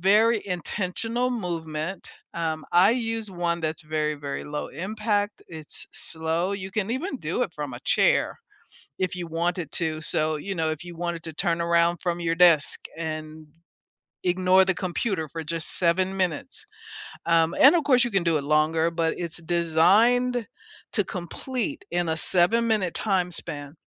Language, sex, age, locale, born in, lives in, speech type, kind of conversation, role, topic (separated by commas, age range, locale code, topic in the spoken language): English, female, 65-69, en-US, United States, United States, conversation, unstructured, none, Which daily routines shape your day and help you feel connected to yourself and others?